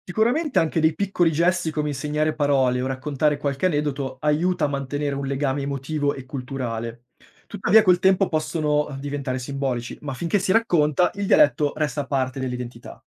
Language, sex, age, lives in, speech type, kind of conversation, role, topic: Italian, male, 25-29, Italy, read, expert-assistant, expert, Parlare dialetto o italiano: cosa cambia davvero nelle relazioni di tutti i giorni?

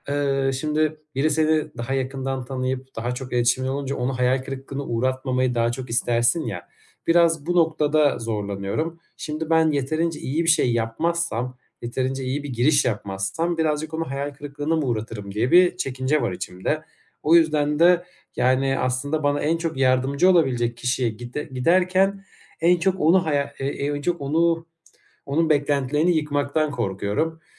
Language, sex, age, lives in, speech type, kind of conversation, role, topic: Turkish, male, 25-29, Germany, conversation, advice, user, Erken taslağımı paylaşmaktan nasıl daha az korkarım ve başkalarının tepkisine nasıl hazırlanırım?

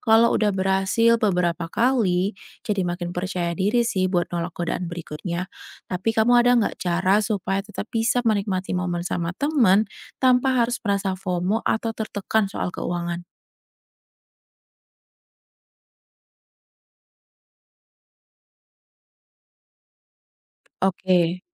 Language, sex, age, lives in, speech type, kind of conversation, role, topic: Indonesian, female, 30-34, Indonesia, read, expert-assistant, asker, Bagaimana caranya supaya keputusan keuangan sehari-hari tidak dikendalikan oleh emosi sesaat?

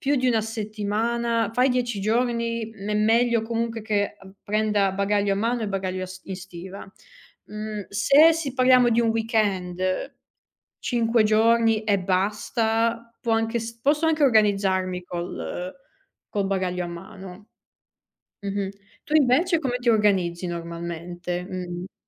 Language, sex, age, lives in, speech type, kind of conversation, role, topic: Italian, female, 30-34, Italy, conversation, unstructured, none, Qual è il miglior consiglio che daresti a un viaggiatore?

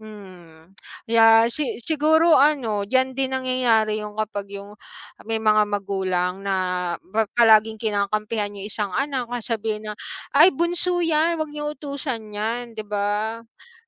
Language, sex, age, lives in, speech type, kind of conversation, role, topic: Filipino, female, 35-39, Philippines, conversation, unstructured, none, Ano ang epekto ng pagtutulungan sa mga gawaing-bahay sa ugnayan ng pamilya?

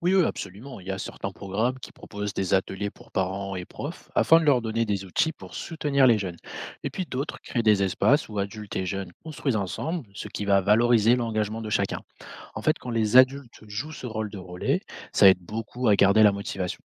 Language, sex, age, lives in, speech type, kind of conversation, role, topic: French, male, 25-29, France, read, expert-assistant, expert, Si tu pouvais imaginer une nouvelle façon pour les pays de s’entendre, à quoi ça ressemblerait ?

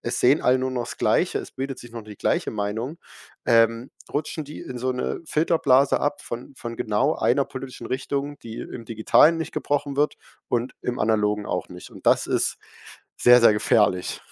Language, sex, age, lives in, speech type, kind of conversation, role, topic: German, male, 18-19, Germany, conversation, podcast, guest, Wie beeinflussen soziale Medien deiner Meinung nach, was du konsumierst?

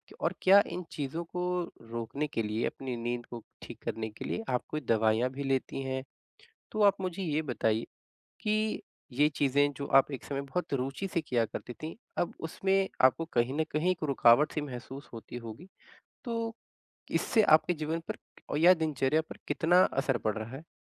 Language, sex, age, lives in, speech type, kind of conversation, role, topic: Hindi, male, 25-29, India, conversation, advice, advisor, मनोरंजन में रुचि कम होना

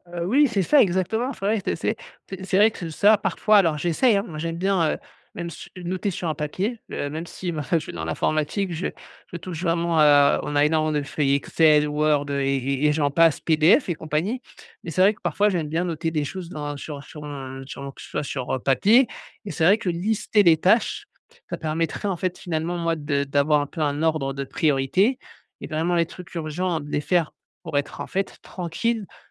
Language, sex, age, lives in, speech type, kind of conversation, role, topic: French, male, 35-39, France, conversation, advice, user, Comment puis-je organiser ma journée pour mettre en place une routine quotidienne structurée avec des blocs de travail concentré ?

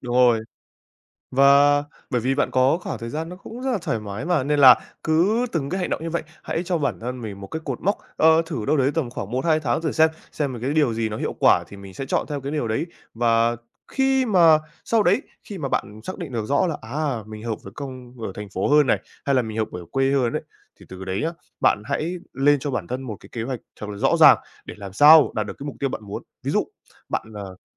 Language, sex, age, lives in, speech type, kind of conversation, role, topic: Vietnamese, male, 18-19, Vietnam, conversation, advice, advisor, Bạn đang có một mục tiêu mơ hồ và không biết nên bắt đầu từ đâu phải không?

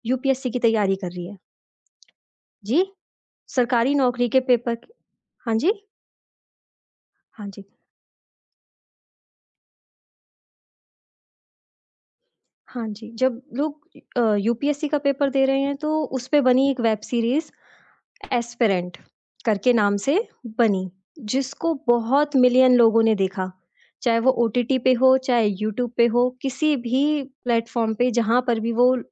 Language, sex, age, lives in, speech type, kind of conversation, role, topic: Hindi, female, 25-29, India, conversation, podcast, guest, किस तरह का किरदार दर्शकों को सबसे ज़्यादा बाँधकर रखता है?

